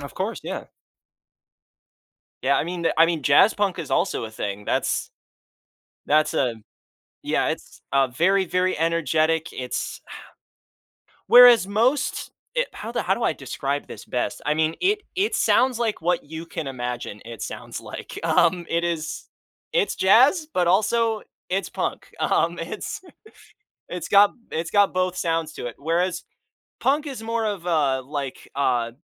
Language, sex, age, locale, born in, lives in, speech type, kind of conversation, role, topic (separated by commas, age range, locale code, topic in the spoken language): English, male, 20-24, en-US, United States, United States, conversation, podcast, guest, How do cultural celebrations shape your sense of identity and community?